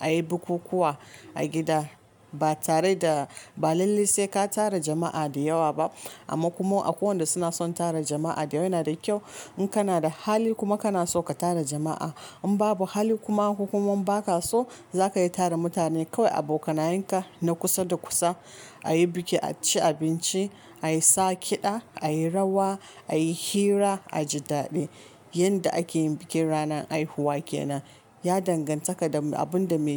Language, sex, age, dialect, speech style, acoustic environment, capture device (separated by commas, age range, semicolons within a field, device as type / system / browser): Hausa, female, 25-34, Nigeria - Kano, relaxed; focused, silence, mobile / Linux / Chrome